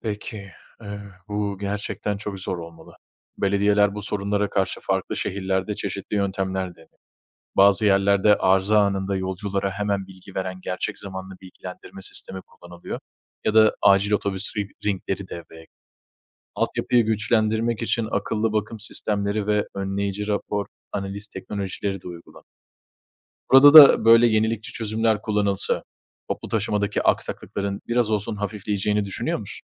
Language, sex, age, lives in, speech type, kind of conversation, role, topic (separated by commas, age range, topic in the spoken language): Turkish, male, 25-29, Italy, read, expert-assistant, expert, Toplu taşımada sık yaşanan arızaların sebepleri ve olası çözümleri nelerdir?